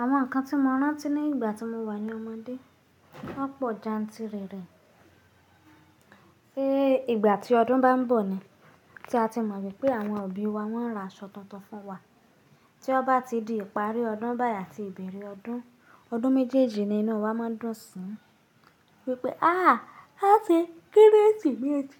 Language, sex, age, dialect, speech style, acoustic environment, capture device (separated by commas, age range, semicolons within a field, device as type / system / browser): Yoruba, female, 25-34, Nigeria - Nigerian Standard, happy, people talking, mobile / Linux / Chrome